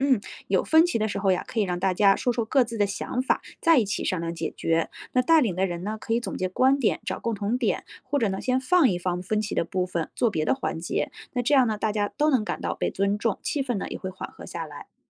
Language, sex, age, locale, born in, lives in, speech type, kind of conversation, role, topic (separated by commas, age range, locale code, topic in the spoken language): Chinese, female, 35-39, zh-CN, China, United States, read, expert-assistant, expert, 在和不同年龄的人聊天时，如何找到共同的话题？